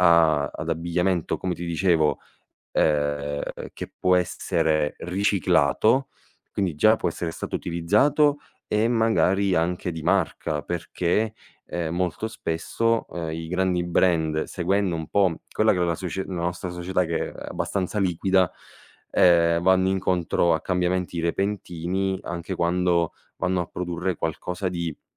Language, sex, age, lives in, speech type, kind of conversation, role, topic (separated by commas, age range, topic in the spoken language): Italian, male, 25-29, Italy, conversation, advice, advisor, Quando conviene scegliere un prodotto più costoso ma di qualità invece di un’alternativa economica per risparmiare?